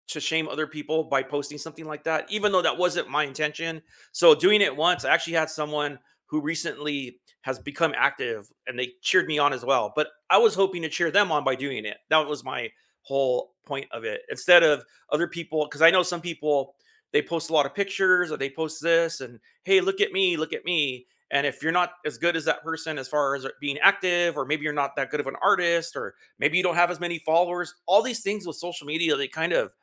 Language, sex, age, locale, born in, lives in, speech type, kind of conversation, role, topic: English, male, 50-54, en-US, United States, United States, conversation, unstructured, none, What small wins brightened your week, and how can we cheer each other on?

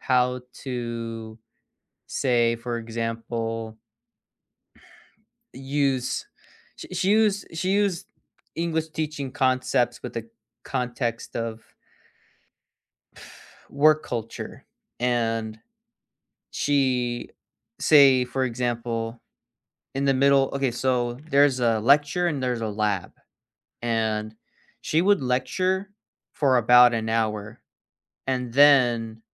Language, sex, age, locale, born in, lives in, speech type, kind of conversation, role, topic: English, male, 20-24, en-US, United States, United States, conversation, unstructured, none, What makes a classroom truly great for you—space, the teacher, your classmates, or the tools—and what helped you feel connected?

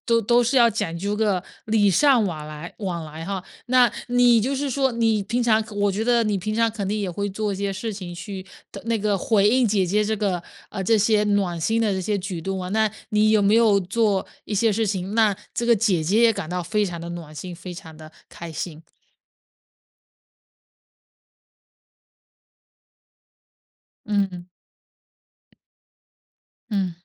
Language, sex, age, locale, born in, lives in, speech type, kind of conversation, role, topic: Chinese, female, 25-29, zh-CN, China, United States, conversation, podcast, host, 你遇到过哪些让你觉得很暖心的邻里小事？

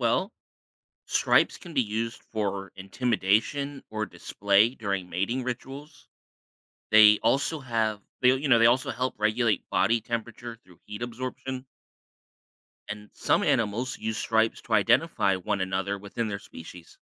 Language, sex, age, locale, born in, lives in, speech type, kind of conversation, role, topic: English, male, 35-39, en-US, United States, United States, read, expert-assistant, expert, Why do some animals have stripes?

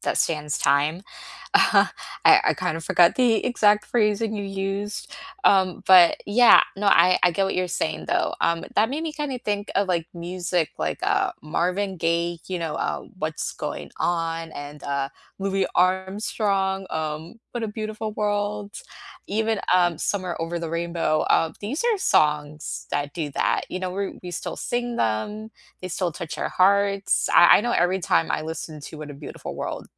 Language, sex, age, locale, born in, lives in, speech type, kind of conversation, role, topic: English, female, 25-29, en-US, United States, United States, conversation, unstructured, none, How do you usually discover new music—through playlists, the radio, or friends' recommendations?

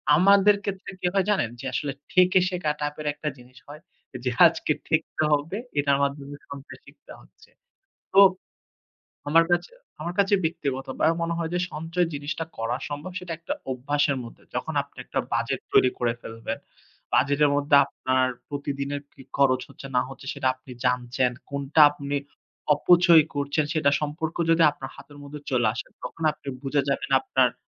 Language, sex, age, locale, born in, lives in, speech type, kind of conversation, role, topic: Bengali, male, 25-29, bn-BD, Bangladesh, Finland, conversation, unstructured, none, আজকাল টাকা সঞ্চয় করা এত কঠিন মনে হয় কেন?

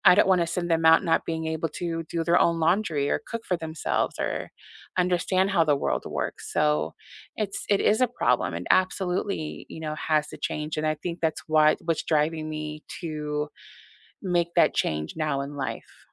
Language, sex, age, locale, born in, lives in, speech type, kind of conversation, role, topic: English, female, 40-44, en-US, United States, United States, conversation, unstructured, none, How can you set kind boundaries while maintaining closeness in a relationship?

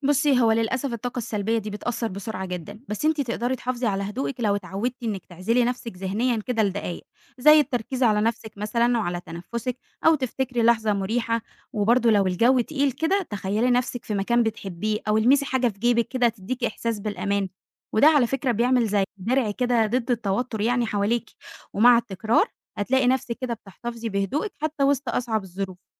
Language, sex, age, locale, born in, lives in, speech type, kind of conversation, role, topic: Arabic, female, 20-24, ar-EG, Egypt, Egypt, read, expert-assistant, expert, إيه الحاجات المشتركة بين الأديان لما بيجي الموضوع للسلام الداخلي والتعامل مع الضغوط، وإزاي أطبق ده في حياتي اليومية؟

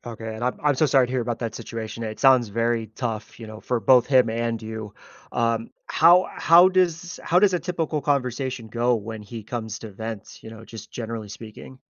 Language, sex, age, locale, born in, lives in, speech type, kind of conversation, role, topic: English, male, 30-34, en-US, United States, United States, conversation, advice, advisor, How can I help a grieving friend?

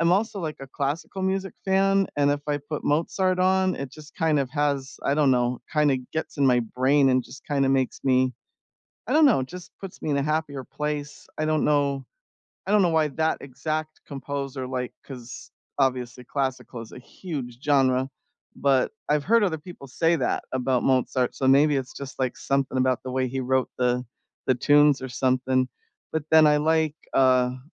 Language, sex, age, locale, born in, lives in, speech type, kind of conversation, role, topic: English, female, 55-59, en-US, United States, United States, conversation, unstructured, none, Which songs instantly lift your mood, and why?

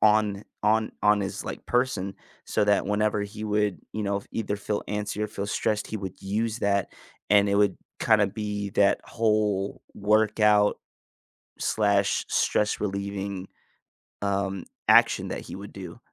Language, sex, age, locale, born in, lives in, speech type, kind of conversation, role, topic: English, male, 25-29, en-US, United States, United States, conversation, unstructured, none, What do you think helps people cope with stress best?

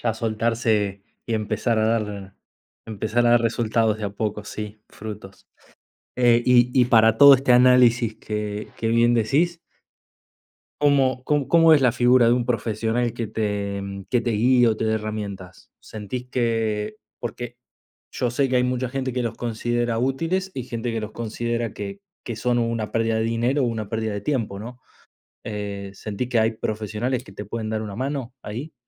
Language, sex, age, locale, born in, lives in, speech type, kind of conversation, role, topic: Spanish, male, 30-34, es-AR, Argentina, Italy, conversation, unstructured, none, ¿Cómo te prepararías para una negociación importante?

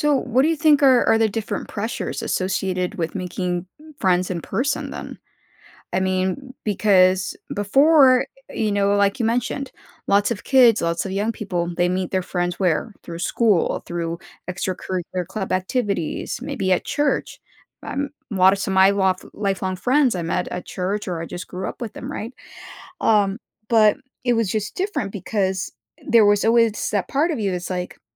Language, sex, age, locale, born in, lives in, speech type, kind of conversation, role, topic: English, female, 30-34, en-US, United States, United States, conversation, podcast, host, How does social media change the way we make friends?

